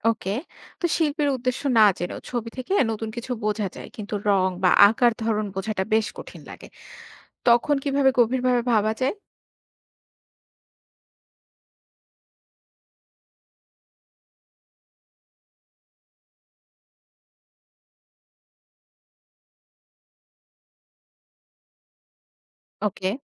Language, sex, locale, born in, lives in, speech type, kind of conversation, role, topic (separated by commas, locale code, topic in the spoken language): Bengali, female, bn-BD, Bangladesh, Bangladesh, read, expert-assistant, asker, একটি পুরনো শিল্পকর্মকে আজকের দিনে নতুনভাবে দেখা বা বোঝার কী কী উপায় থাকতে পারে?